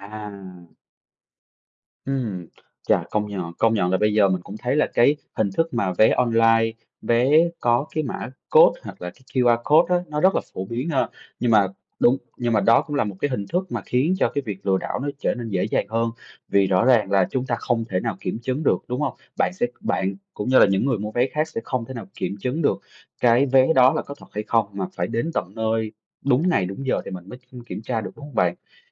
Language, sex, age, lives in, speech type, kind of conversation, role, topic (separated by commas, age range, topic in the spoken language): Vietnamese, male, 30-34, Vietnam, conversation, podcast, host, Bạn có thể kể về một lần bạn gặp lừa đảo trực tuyến và bài học bạn rút ra từ đó không?